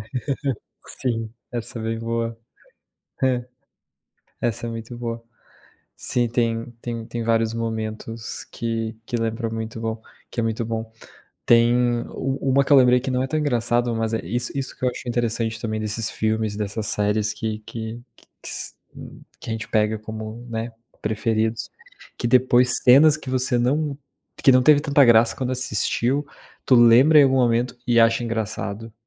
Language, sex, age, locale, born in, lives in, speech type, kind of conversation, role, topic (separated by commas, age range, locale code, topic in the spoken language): Portuguese, male, 25-29, pt-BR, Brazil, Italy, conversation, unstructured, none, Que história de filme faz você rir sempre que se lembra dela?